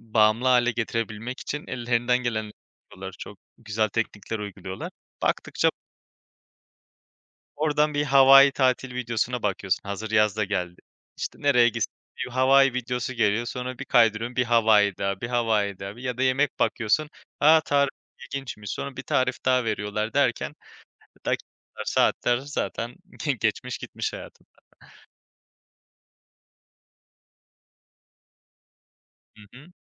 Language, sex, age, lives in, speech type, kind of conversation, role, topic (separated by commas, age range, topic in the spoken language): Turkish, male, 25-29, Spain, conversation, unstructured, none, Sosyal medyada çok zaman geçirmek hayatımızı nasıl etkiler?